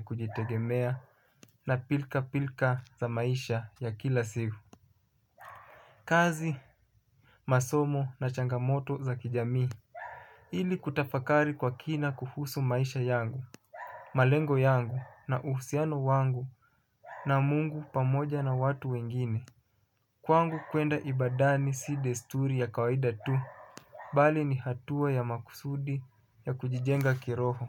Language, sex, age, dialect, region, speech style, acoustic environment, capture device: Swahili, male, 25-34, Kenya - Mombasa, Kenya, focused; relaxed, nature, mobile / Linux / Chrome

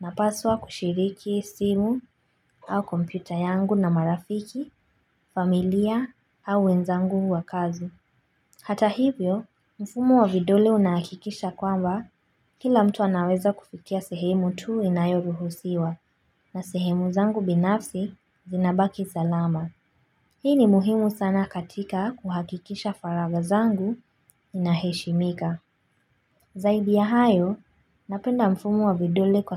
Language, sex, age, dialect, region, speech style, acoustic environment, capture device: Swahili, female, 25-34, Kenya - Mombasa, Kenya, relaxed; focused, nature; silence, mobile / Linux / Chrome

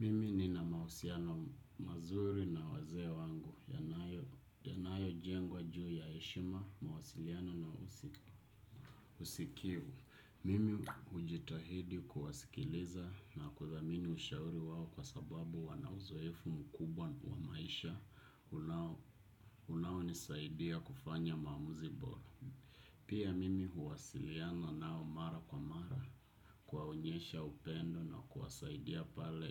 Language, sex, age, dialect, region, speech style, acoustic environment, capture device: Swahili, male, 25-34, Kenya - Nairobi Sheng-influenced, Kenya, happy, nature, desktop / Windows / Chrome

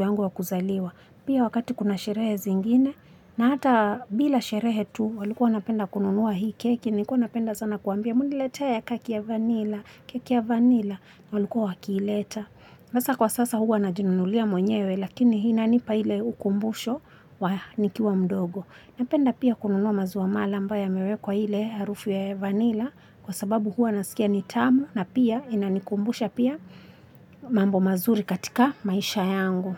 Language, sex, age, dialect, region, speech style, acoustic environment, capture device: Swahili, female, 25-34, Kenya - Mombasa, Kenya, relaxed, nature, mobile / Linux / Chrome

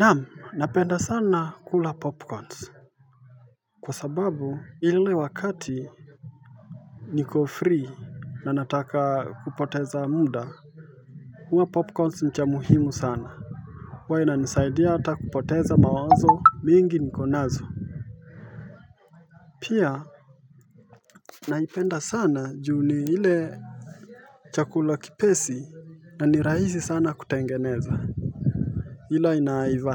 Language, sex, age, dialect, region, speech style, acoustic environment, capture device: Swahili, male, 25-34, Kenya - Mombasa, Kenya, focused, nature, mobile / Linux / Chrome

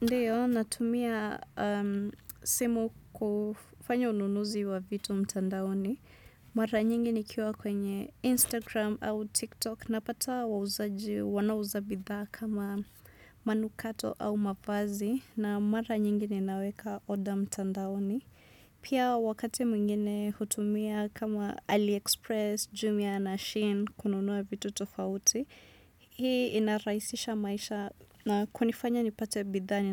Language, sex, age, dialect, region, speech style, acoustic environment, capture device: Swahili, female, 25-34, Kenya - Nairobi Sheng-influenced, Kenya, relaxed, music; silence, desktop / Windows / Chrome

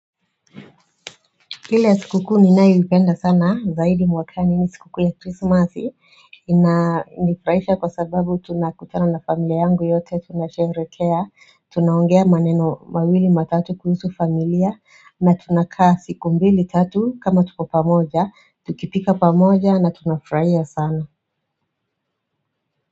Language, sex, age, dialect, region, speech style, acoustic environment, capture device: Swahili, female, 35-44, Kenya - Nairobi Sheng-influenced, Kenya, tired, silence, mobile / Android / Chrome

